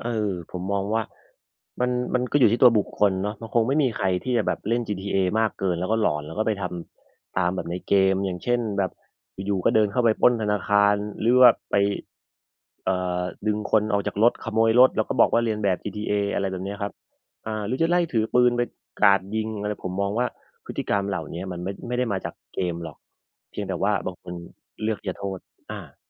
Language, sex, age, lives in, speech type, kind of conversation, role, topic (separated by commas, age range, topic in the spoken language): Thai, male, 30-34, Thailand, conversation, unstructured, none, คุณคิดว่าการเล่นเกมออนไลน์มีผลเสียมากกว่าผลดีไหม?